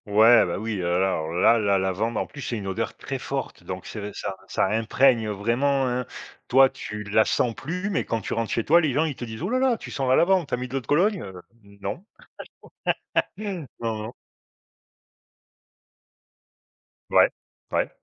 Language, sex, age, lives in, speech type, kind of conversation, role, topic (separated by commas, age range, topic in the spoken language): French, male, 50-54, Portugal, conversation, unstructured, none, As-tu une odeur qui te rappelle un moment spécial ?